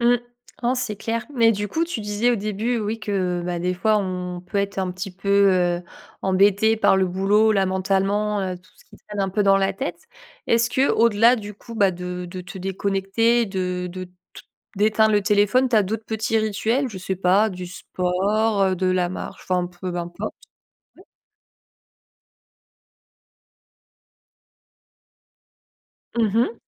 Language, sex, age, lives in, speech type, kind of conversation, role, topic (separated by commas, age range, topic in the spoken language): French, female, 25-29, France, conversation, podcast, host, Quels rituels as-tu pour déconnecter du boulot le soir ?